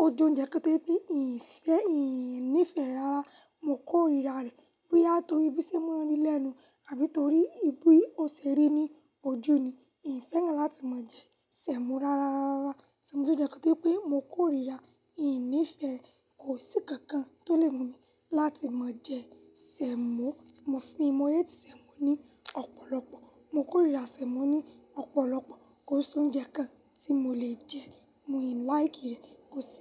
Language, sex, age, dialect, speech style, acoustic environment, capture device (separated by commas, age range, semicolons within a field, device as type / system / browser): Yoruba, female, 18-24, Nigeria - Lagos Yoruba, happy; anxious, silence, mobile / Linux / Chrome